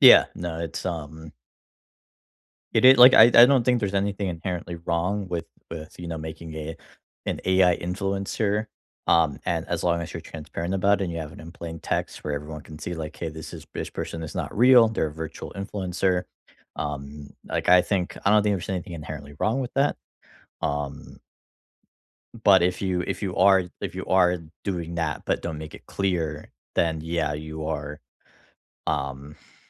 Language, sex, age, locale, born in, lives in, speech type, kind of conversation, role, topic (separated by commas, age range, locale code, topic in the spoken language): English, male, 25-29, en-US, United States, United States, conversation, unstructured, none, How do you stay curious and keep learning outside of work?